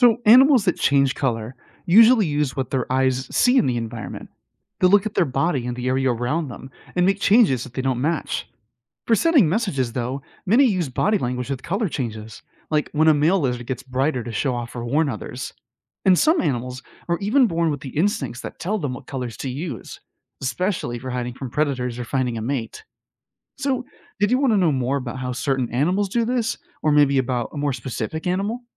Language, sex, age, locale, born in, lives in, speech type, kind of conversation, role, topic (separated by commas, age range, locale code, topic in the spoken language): English, male, 25-29, en-US, United States, United States, read, expert-assistant, expert, How and why do animals use colors and patterns on their bodies?